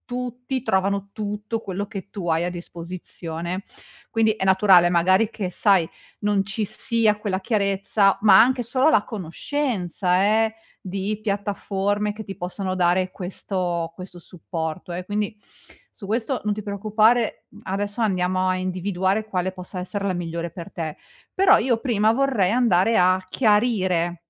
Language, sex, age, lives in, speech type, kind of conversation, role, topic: Italian, female, 40-44, Italy, conversation, advice, advisor, Come posso convalidare la mia idea di business prima di investire tempo e denaro?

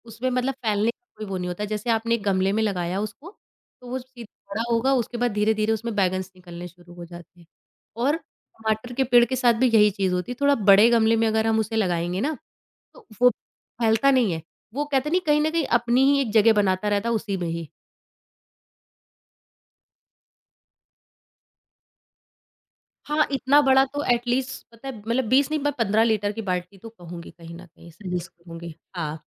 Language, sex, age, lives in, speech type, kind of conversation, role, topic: Hindi, female, 30-34, India, conversation, podcast, guest, Small-space balcony garden ko simple kaise rakhein?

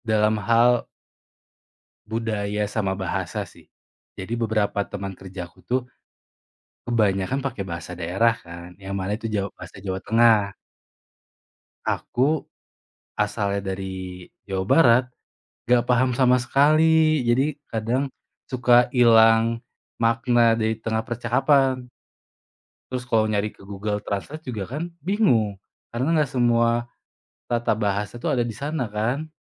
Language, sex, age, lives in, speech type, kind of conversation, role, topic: Indonesian, male, 25-29, Indonesia, conversation, advice, user, Bagaimana cara mengatasi rasa kesepian dan sulit mendapat teman setelah pindah?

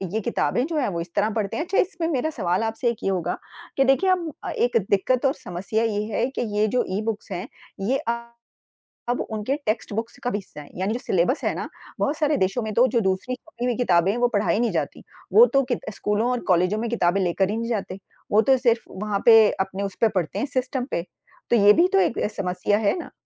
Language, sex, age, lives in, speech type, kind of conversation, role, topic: Hindi, female, 50-54, United States, conversation, unstructured, none, आपके लिए ई-पुस्तकों और मुद्रित पुस्तकों में क्या अंतर है?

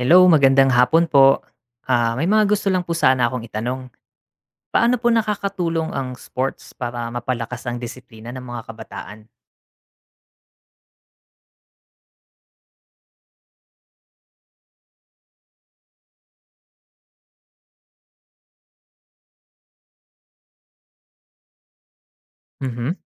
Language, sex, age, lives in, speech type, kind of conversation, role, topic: Filipino, male, 35-39, Philippines, read, expert-assistant, asker, Paano napapalakas ng sports ang disiplina ng kabataan?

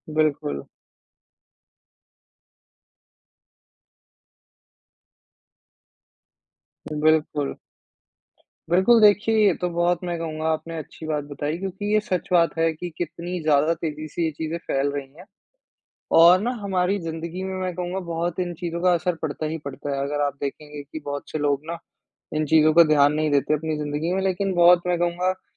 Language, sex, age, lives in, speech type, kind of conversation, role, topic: Hindi, male, 20-24, India, conversation, unstructured, none, क्या आपको लगता है कि डिजिटल मीडिया ने खबरों की दुनिया बदल दी है?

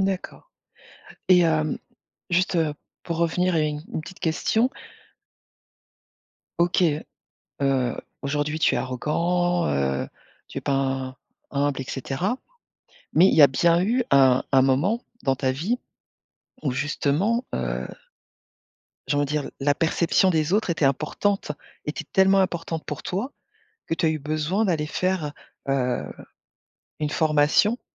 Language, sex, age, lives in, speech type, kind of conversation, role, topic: French, female, 45-49, France, conversation, podcast, host, Comment renforces-tu ta confiance au quotidien ?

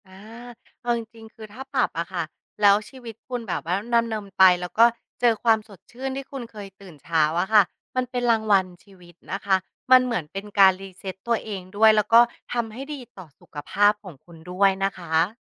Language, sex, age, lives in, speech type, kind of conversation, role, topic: Thai, female, 35-39, Thailand, conversation, advice, advisor, ทำไมฉันถึงตื่นเช้าและทำตามตารางได้ยาก และควรปรับอย่างไร?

